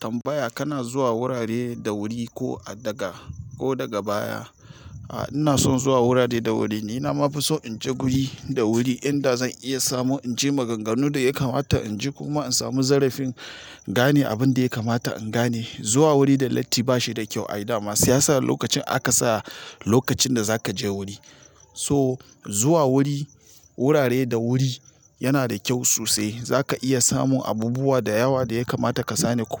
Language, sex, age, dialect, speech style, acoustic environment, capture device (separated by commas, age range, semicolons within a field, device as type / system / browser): Hausa, male, 35-44, Nigeria - Nigerian Standard, focused, silence, mobile / Linux / Chrome